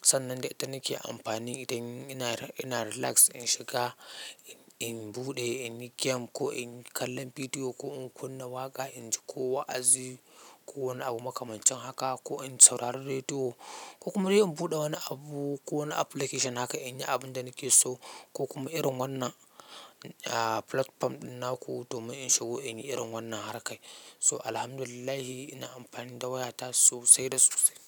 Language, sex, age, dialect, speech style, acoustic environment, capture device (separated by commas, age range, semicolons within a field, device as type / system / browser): Hausa, male, 25-34, Nigeria - Katsina, focused; relaxed, silence, mobile / Linux / Chrome